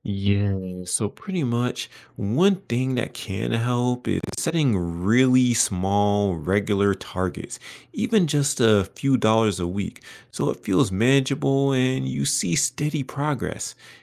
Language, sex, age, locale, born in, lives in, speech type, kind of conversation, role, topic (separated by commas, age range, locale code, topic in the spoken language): English, male, 25-29, en-US, United States, United States, read, expert-assistant, expert, Are there any apps or online tools that can help me create and stick to a financial plan?